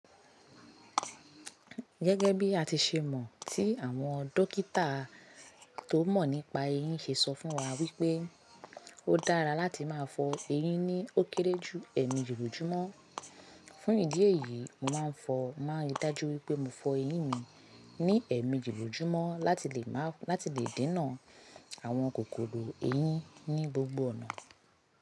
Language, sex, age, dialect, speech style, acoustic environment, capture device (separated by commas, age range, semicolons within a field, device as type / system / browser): Yoruba, female, 25-34, Nigeria - Lagos Yoruba, focused, silence, mobile / Linux / Chrome